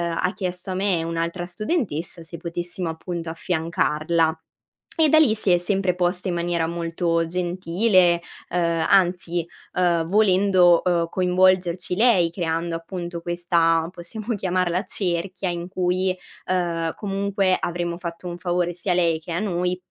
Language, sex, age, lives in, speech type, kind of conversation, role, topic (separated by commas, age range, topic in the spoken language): Italian, female, 20-24, Italy, conversation, advice, user, Come posso chiedere una promozione o un cambio di ruolo senza sembrare presuntuoso?